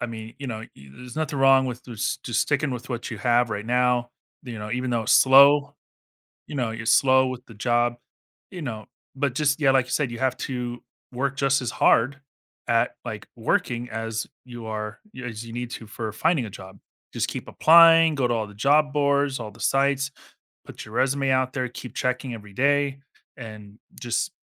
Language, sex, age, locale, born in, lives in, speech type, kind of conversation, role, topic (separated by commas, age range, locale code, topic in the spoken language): English, male, 40-44, en-US, United States, United States, conversation, advice, advisor, How can I make time for self-care?